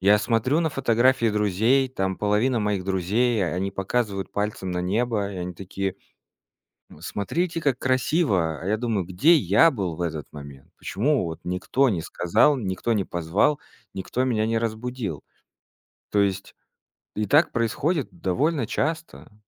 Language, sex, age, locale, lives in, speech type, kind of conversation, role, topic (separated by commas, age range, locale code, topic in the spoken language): Russian, male, 30-34, ru-RU, Estonia, conversation, podcast, guest, Есть ли природное явление, которое ты мечтаешь увидеть своими глазами?